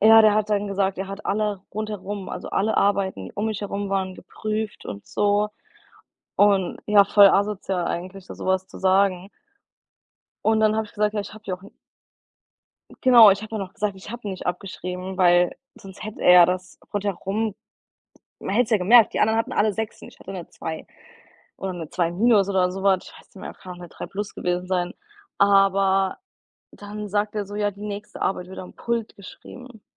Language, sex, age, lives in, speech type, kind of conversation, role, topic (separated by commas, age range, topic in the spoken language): German, female, 25-29, United States, conversation, unstructured, none, Hast du schon einmal erlebt, dass jemand deine Arbeit gestohlen hat?